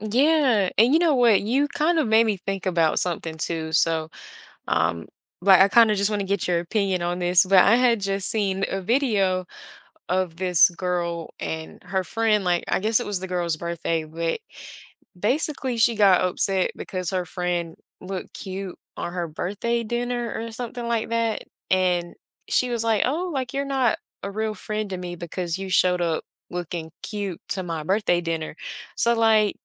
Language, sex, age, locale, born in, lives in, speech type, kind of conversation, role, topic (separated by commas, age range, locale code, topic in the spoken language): English, female, 20-24, en-US, United States, United States, conversation, podcast, host, How have strong friendships shaped who you are and how you navigate life?